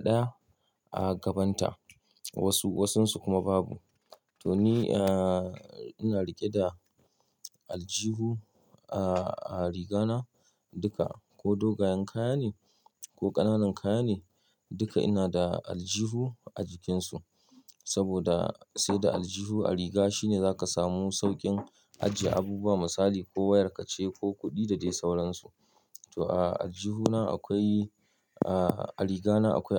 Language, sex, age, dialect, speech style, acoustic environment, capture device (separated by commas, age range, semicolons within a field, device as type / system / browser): Hausa, male, 35-44, Nigeria - Kano, happy; relaxed; stressed; excited; focused, nature; silence; appliances, mobile / Linux / Chrome